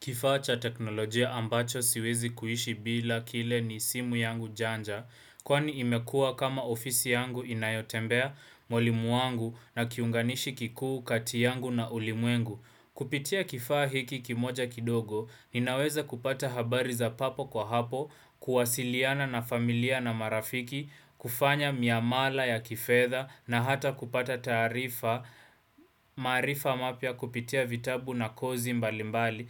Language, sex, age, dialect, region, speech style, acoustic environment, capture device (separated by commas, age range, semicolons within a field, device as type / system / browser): Swahili, male, 18-24, Kenya - Nairobi Sheng-influenced, Kenya, relaxed, appliances, desktop / Windows / Chrome